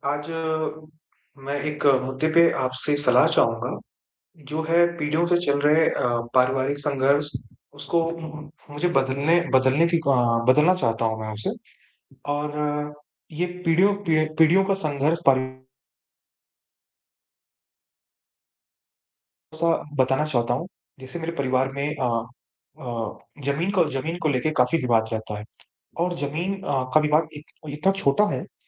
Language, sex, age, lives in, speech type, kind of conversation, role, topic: Hindi, male, 25-29, India, conversation, advice, user, पीढ़ियों से चले आ रहे पारिवारिक झगड़ों और संघर्ष के पैटर्न को आप कैसे बदल सकते हैं?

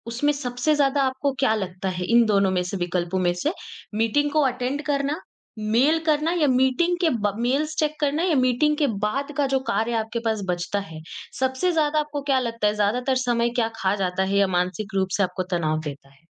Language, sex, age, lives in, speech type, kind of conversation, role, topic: Hindi, female, 20-24, India, conversation, advice, advisor, मीटिंग्स में इतना समय क्यों बर्बाद होता है और असली काम क्यों नहीं हो पाता?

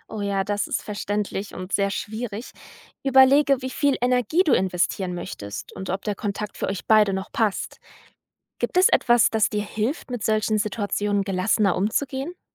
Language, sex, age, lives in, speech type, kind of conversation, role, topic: German, female, 20-24, Germany, read, expert-assistant, expert, Was kann ich tun, wenn ich merke, dass meine Freundschaften langsam einschlafen?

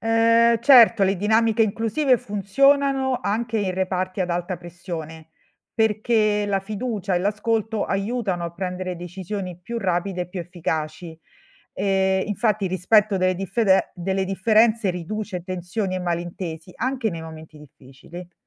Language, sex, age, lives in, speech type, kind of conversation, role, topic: Italian, female, 45-49, Italy, read, expert-assistant, expert, Cosa cambierebbe nella tua vita quotidiana se dovessi seguire i riti di una religione diversa dalla tua per una settimana?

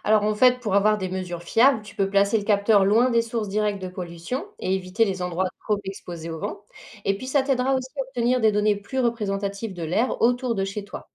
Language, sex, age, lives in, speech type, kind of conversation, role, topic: French, female, 40-44, France, read, expert-assistant, expert, Comment apprendre à reconnaître les signes de pollution dans son environnement quotidien ?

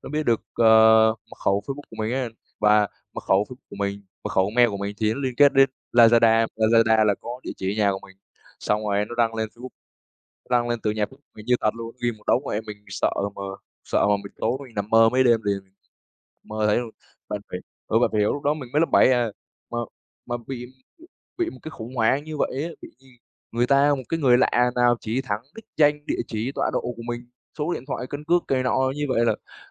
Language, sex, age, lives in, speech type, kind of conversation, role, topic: Vietnamese, male, 20-24, Vietnam, conversation, unstructured, none, Kỷ niệm nào trong cuộc sống đã thay đổi bạn nhiều nhất?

